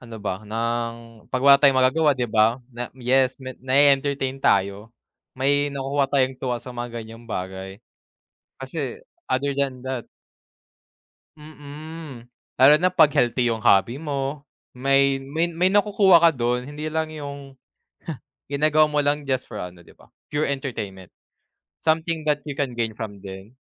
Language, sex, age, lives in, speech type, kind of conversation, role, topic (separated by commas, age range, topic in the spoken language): Filipino, male, 20-24, Philippines, conversation, unstructured, none, Anong simpleng gawain ang nagpapasaya sa araw mo?